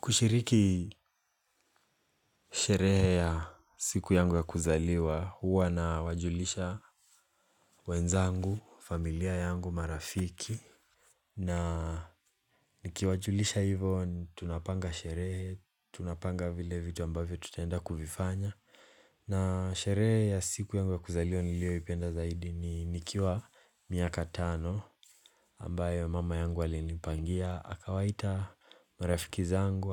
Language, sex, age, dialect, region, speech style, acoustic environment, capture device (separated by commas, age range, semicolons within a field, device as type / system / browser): Swahili, male, 18-24, Kenya - Mombasa, Kenya, relaxed, silence, mobile / Linux / Chrome